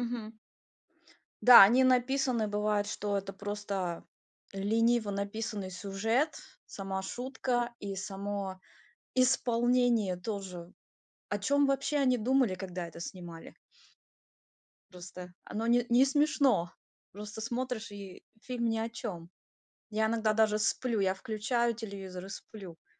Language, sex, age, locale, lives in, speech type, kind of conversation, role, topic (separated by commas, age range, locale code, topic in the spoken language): Russian, female, 35-39, ru-RU, United States, conversation, unstructured, none, Что вас больше всего раздражает в современных комедиях?